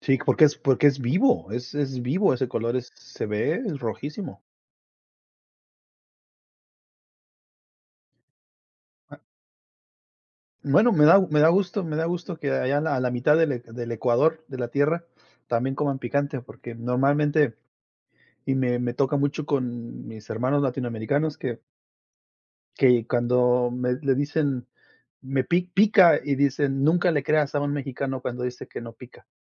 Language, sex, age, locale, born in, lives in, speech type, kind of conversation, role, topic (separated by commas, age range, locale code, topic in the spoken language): Spanish, male, 40-44, es-MX, Mexico, United States, conversation, unstructured, none, ¿Has probado alguna vez comida de otro país?